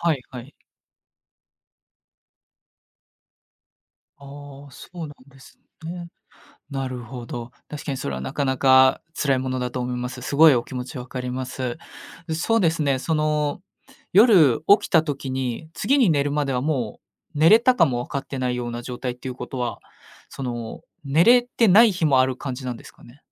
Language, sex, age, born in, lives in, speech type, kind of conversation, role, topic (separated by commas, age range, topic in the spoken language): Japanese, male, 20-24, Japan, Japan, conversation, advice, advisor, 夜眠れず不安が続き日中も疲れていることについて相談したいのですが、どうしたらよいですか？